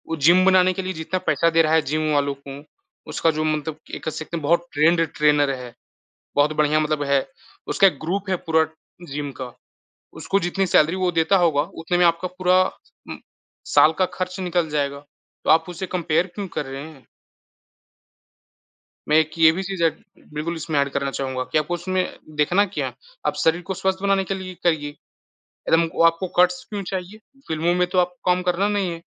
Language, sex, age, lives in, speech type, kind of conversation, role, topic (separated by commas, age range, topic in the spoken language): Hindi, male, 20-24, India, conversation, unstructured, none, क्या सोशल मीडिया फिटनेस के रुझानों को लेकर अंधविश्वास फैलाता है?